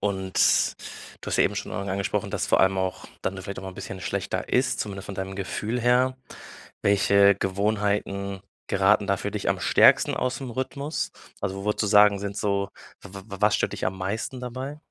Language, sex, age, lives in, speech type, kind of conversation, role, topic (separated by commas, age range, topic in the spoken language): German, male, 25-29, Germany, conversation, advice, advisor, Wie kann ich meine Gesundheitsgewohnheiten auch auf Reisen und an Wochenenden konsequent beibehalten?